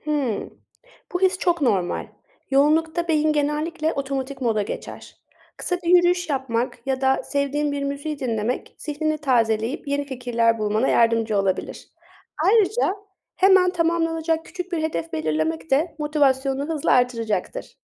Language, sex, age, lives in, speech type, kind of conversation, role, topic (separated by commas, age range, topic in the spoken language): Turkish, female, 25-29, Germany, read, expert-assistant, expert, Zamanım kısıtlı olduğunda yaratıcılığımı nasıl canlı tutabilirim?